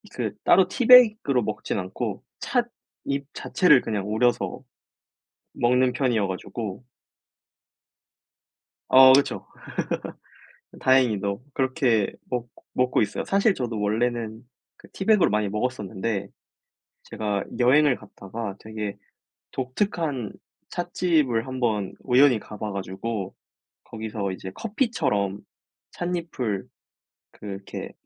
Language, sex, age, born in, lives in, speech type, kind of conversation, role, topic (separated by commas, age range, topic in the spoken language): Korean, male, 20-24, South Korea, South Korea, conversation, unstructured, none, 커피와 차 중 어떤 음료를 더 좋아하시나요?